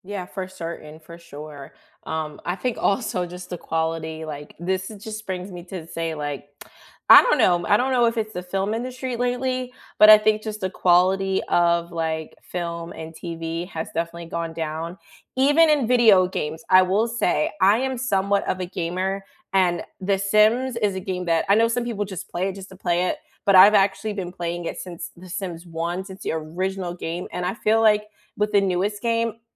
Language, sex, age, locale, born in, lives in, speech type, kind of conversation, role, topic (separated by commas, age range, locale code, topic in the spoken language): English, female, 25-29, en-US, United States, United States, conversation, unstructured, none, Which movie, TV, or game trailer misled you—pleasantly or painfully—and how did you feel?